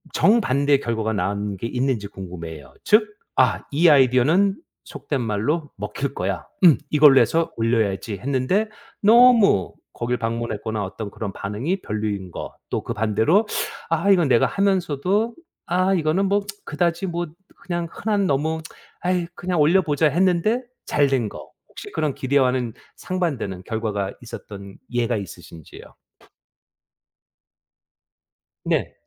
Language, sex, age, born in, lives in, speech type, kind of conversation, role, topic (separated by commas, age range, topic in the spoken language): Korean, male, 50-54, South Korea, United States, conversation, podcast, host, 창작 아이디어는 보통 어디서 얻으시나요?